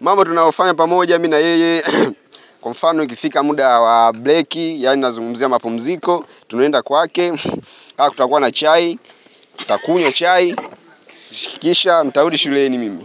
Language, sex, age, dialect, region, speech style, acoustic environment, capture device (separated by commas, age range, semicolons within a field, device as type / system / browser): Swahili, male, 25-34, Tanzania - Dar es Salaam, Tanzania, excited, nature, mobile / Linux / Chrome